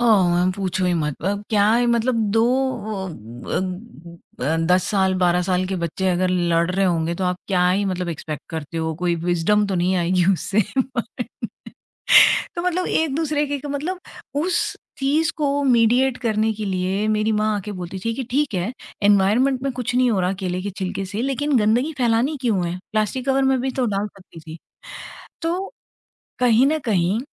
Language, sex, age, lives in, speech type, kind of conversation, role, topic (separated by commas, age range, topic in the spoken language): Hindi, female, 45-49, India, conversation, podcast, guest, Reusable items ko apni daily routine mein include karne ke tumhare hacks kya hain?